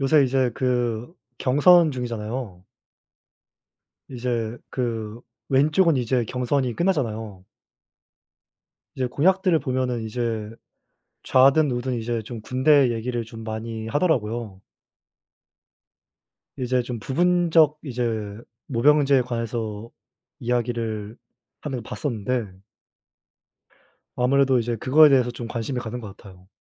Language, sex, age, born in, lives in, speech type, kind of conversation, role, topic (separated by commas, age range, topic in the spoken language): Korean, male, 20-24, South Korea, South Korea, conversation, unstructured, none, 정치가 우리 삶에 어떤 영향을 미친다고 느끼시나요?